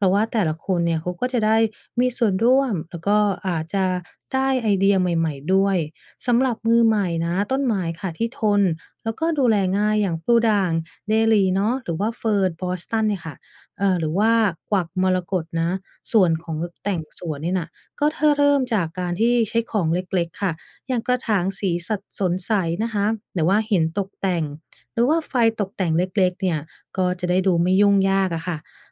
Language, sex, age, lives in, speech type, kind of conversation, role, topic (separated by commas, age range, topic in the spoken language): Thai, female, 30-34, United States, read, expert-assistant, expert, เริ่มดูแลสิ่งแวดล้อมในบ้านควรเริ่มจากอะไรดี?